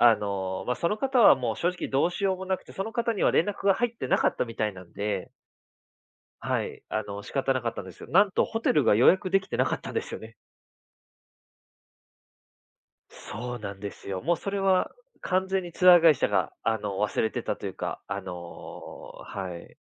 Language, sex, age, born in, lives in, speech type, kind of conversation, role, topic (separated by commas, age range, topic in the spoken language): Japanese, male, 30-34, Japan, Japan, conversation, podcast, guest, 旅先で現地の人に助けてもらった経験はありますか？